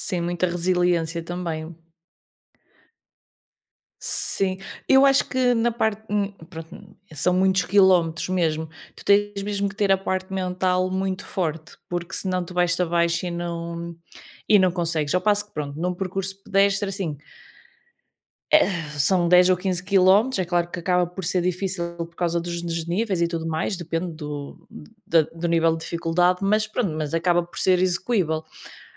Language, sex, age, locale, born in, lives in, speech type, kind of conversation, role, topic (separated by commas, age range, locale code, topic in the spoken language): Portuguese, female, 30-34, pt-PT, Portugal, Portugal, conversation, unstructured, none, Qual lugar na natureza lhe traz mais paz e por quê?